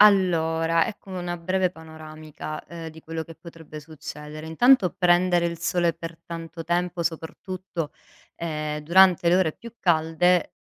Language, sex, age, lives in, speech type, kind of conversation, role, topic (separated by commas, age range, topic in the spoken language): Italian, female, 35-39, Italy, read, expert-assistant, expert, Quali effetti ha la luce naturale sull’energia quotidiana?